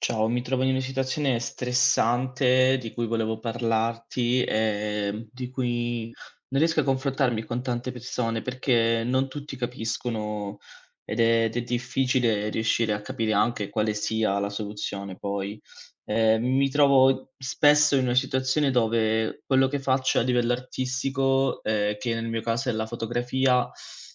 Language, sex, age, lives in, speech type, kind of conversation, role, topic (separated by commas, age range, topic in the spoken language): Italian, male, 25-29, Italy, conversation, advice, user, Come ti senti quando ti confronti continuamente con altri creativi sui social network?